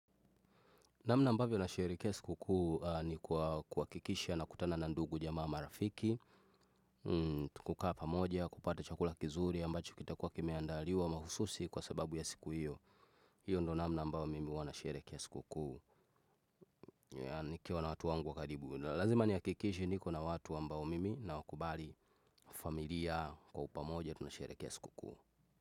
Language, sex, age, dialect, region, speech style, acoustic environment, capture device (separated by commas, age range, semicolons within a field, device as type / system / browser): Swahili, male, 25-34, Tanzania - Dar es Salaam, Tanzania, focused, nature, desktop / Windows / Chrome